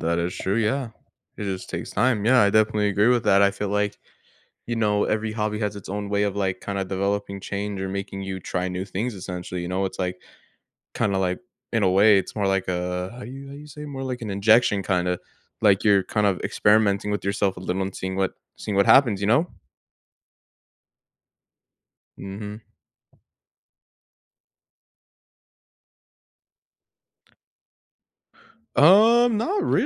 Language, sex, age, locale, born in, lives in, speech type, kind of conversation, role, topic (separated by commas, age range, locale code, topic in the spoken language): English, male, 18-19, en-US, United States, United States, conversation, unstructured, none, How do hobbies encourage personal growth and new experiences?